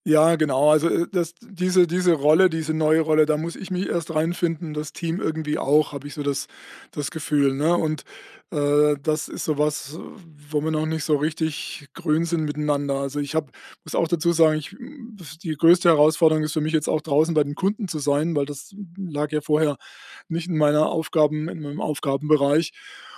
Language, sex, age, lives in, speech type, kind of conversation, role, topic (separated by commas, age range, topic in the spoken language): German, male, 60-64, Germany, conversation, advice, user, Wie kann ich Vertrauen aufbauen, damit ich Verantwortung und Aufgaben effektiv delegieren kann?